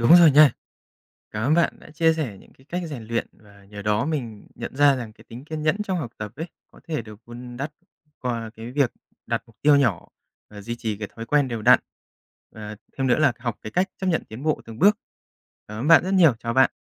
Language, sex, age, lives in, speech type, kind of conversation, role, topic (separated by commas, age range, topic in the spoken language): Vietnamese, male, 30-34, Japan, read, expert-assistant, asker, Làm thế nào để rèn luyện tính kiên nhẫn trong học tập